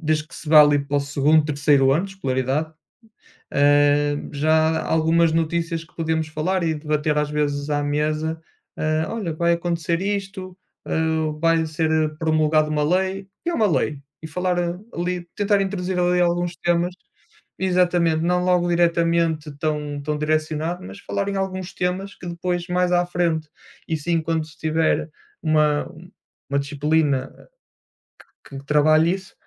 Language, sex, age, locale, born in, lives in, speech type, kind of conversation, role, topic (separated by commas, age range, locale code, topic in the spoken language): Portuguese, male, 30-34, pt-PT, Portugal, Portugal, conversation, unstructured, none, Você acredita que os jovens deveriam se envolver mais na política?